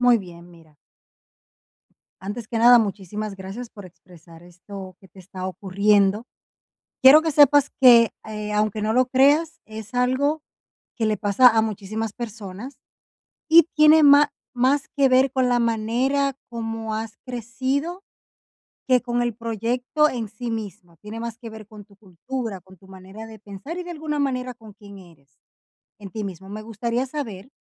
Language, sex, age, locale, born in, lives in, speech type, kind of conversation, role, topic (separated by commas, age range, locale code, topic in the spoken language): Spanish, female, 30-34, es-DO, Dominican Republic, Portugal, conversation, advice, advisor, ¿Cómo puedo aprender a silenciar mi voz autocrítica interior cada día?